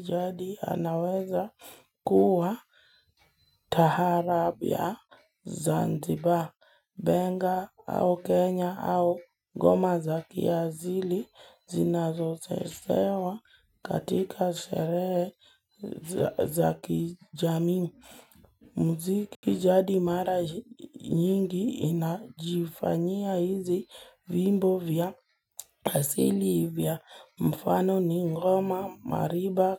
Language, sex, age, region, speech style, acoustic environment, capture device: Swahili, female, 18-24, Kenya, nervous; focused, music, mobile / Linux / Chrome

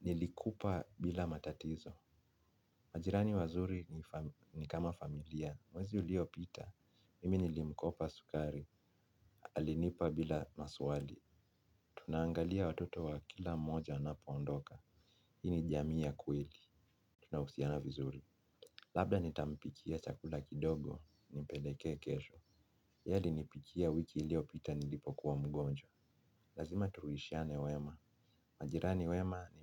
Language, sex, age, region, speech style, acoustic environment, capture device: Swahili, male, 25-34, Kenya, relaxed, silence, mobile / Linux / Chrome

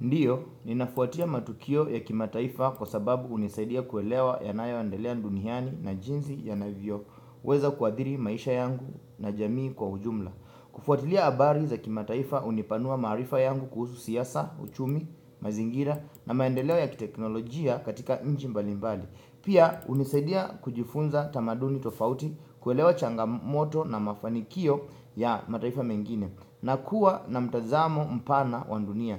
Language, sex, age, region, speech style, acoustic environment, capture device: Swahili, male, 25-34, Kenya, relaxed; focused, silence, desktop / Windows / Chrome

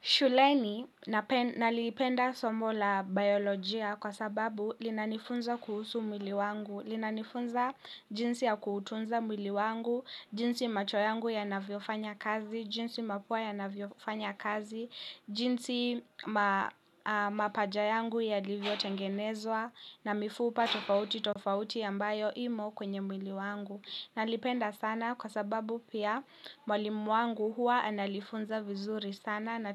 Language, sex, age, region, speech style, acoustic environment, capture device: Swahili, female, 18-24, Kenya, happy, people talking, mobile / Linux / Chrome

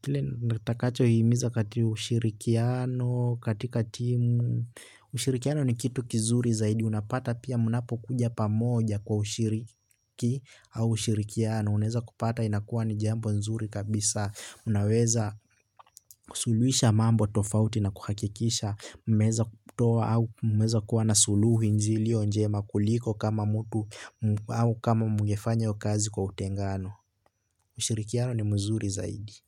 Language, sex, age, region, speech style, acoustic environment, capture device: Swahili, male, 18-24, Kenya, happy, nature, desktop / Linux / Chrome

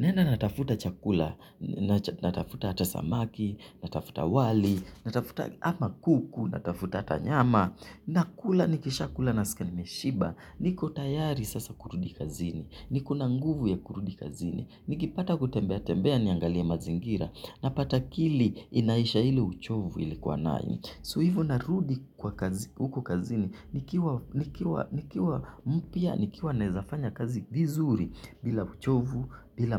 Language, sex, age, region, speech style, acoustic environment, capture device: Swahili, male, 25-34, Kenya, excited, silence, mobile / Linux / Chrome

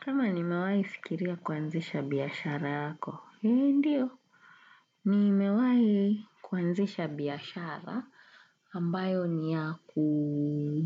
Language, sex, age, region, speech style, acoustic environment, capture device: Swahili, female, 35-44, Kenya, relaxed, traffic; people talking, desktop / Windows / Chrome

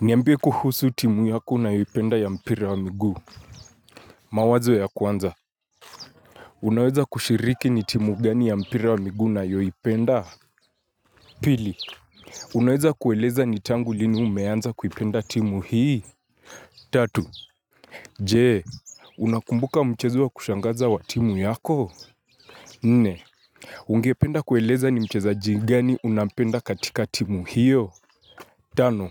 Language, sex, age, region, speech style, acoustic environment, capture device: Swahili, male, 25-34, Kenya, focused, nature, mobile / Linux / Chrome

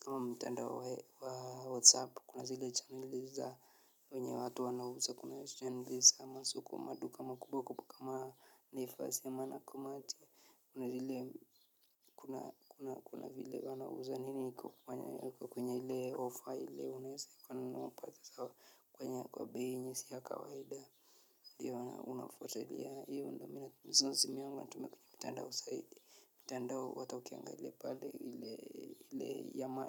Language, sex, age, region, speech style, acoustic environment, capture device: Swahili, male, 25-34, Kenya, relaxed, nature, mobile / Linux / Chrome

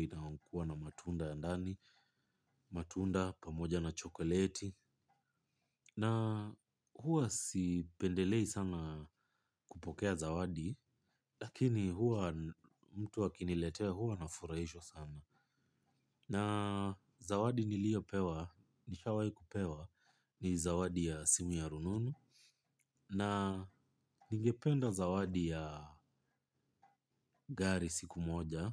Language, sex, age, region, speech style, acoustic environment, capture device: Swahili, male, 25-34, Kenya, relaxed; focused, silence, mobile / Linux / Chrome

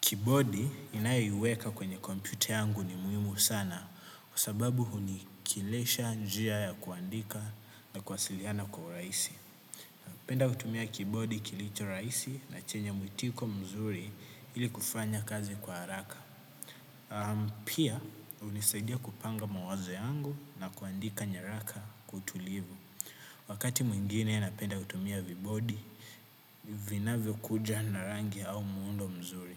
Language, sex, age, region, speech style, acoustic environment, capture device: Swahili, male, 18-24, Kenya, happy; relaxed; excited, nature, desktop / Windows / Chrome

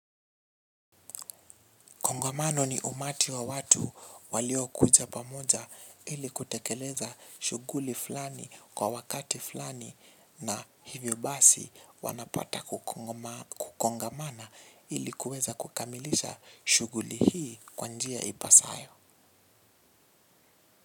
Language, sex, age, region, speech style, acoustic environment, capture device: Swahili, male, 18-24, Kenya, relaxed, nature, mobile / Linux / Chrome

